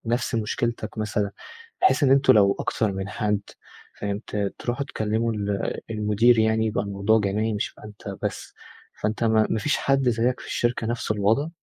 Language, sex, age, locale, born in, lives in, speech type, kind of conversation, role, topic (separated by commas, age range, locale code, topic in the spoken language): Arabic, male, 20-24, ar-EG, Egypt, Egypt, conversation, advice, advisor, إزاي أطلب زيادة في مرتبي؟